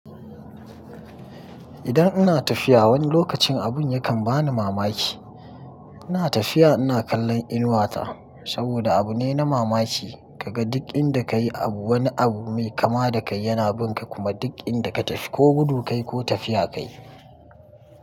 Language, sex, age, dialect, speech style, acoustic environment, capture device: Hausa, male, 25-34, Nigeria - Kano, happy; excited, nature; construction, mobile / Linux / Chrome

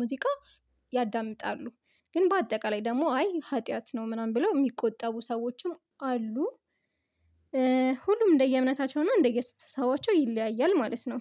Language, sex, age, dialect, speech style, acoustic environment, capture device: Amharic, female, 25-34, Ethiopia - Addis Ababa, focused, silence, mobile / Linux / Chrome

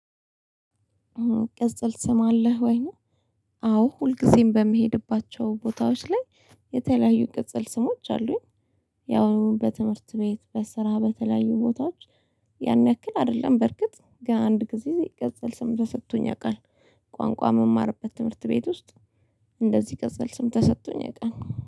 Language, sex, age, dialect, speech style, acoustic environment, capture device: Amharic, female, 25-34, Ethiopia - Addis Ababa, relaxed; happy; excited; focused, silence, mobile / Linux / Chrome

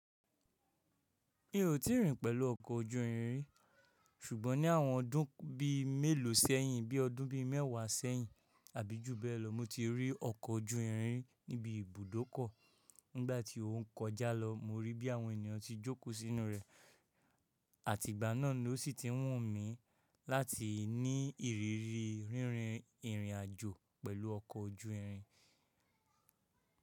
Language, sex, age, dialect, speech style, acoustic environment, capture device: Yoruba, male, 25-34, Nigeria - Ijebu, focused, silence, mobile / Linux / Chrome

